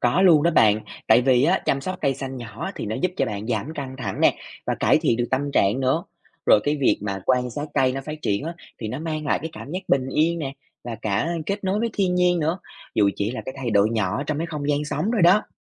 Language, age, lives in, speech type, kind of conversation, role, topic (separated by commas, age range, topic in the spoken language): Vietnamese, 25-29, Vietnam, read, expert-assistant, expert, Nếu muốn bắt đầu tìm hiểu về sức khỏe tâm thần, nên theo dõi những nguồn thông tin nào trước tiên?